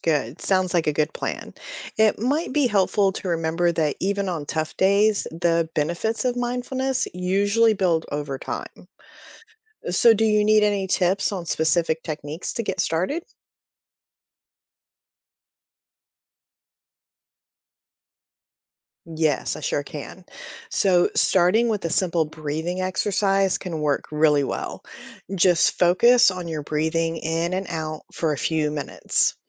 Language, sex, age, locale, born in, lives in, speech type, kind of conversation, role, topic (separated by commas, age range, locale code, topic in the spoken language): English, female, 45-49, en-US, United States, United States, read, expert-assistant, expert, How does mindfulness practice affect stress and well-being?